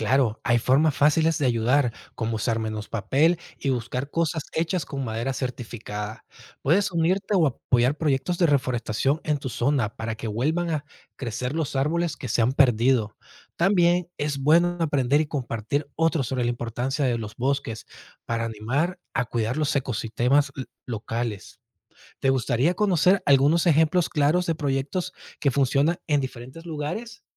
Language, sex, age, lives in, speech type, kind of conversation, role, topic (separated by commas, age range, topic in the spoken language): Spanish, male, 30-34, United States, read, expert-assistant, expert, ¿Qué efectos tiene la deforestación en los ecosistemas?